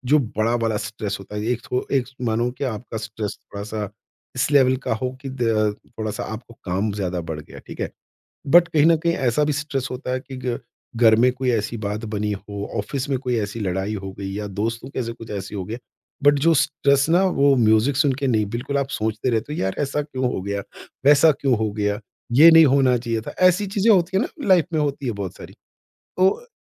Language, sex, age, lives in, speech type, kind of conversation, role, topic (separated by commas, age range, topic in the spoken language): Hindi, male, 50-54, India, conversation, podcast, host, Recovery ke dauran tum stress ko kaise manage karte ho?